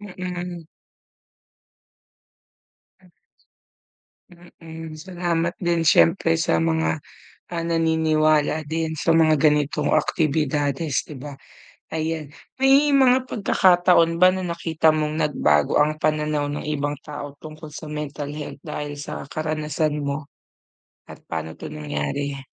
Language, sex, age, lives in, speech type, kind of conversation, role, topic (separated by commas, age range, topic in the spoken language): Filipino, female, 30-34, Philippines, conversation, unstructured, none, Paano mo hinaharap ang panghuhusga ng iba tungkol sa kalusugang pangkaisipan?